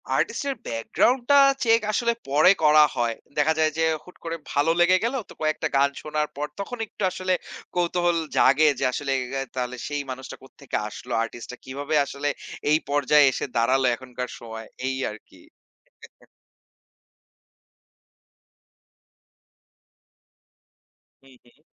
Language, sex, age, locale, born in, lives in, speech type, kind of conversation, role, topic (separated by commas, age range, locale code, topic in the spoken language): Bengali, male, 25-29, bn-BD, Bangladesh, Bangladesh, conversation, podcast, guest, আপনি সাধারণত নতুন গান কীভাবে খুঁজে বের করেন?